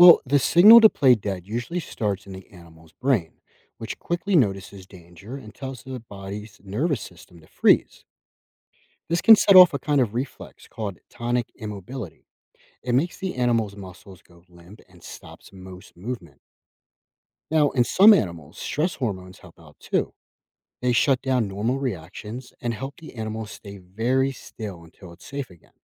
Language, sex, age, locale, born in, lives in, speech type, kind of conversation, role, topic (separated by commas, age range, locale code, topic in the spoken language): English, male, 30-34, en-US, United States, United States, read, expert-assistant, expert, Why do some animals play dead to survive?